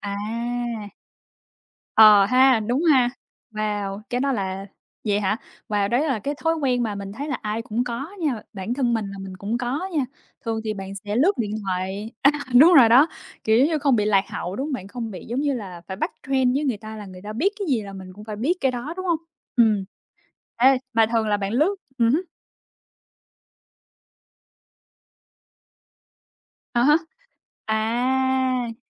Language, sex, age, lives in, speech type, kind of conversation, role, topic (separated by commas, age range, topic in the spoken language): Vietnamese, female, 25-29, United States, conversation, unstructured, none, Bạn cảm thấy công nghệ giúp bạn kết nối với bạn bè như thế nào?